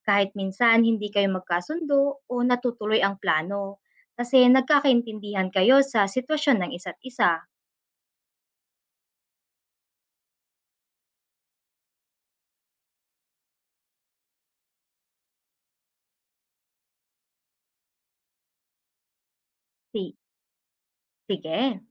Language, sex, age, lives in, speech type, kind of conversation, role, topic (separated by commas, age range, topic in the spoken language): Filipino, female, 25-29, Philippines, read, expert-assistant, expert, Ano ang mga paraan upang mapanatili ang malusog na relasyon sa pagitan ng magkakaibigan?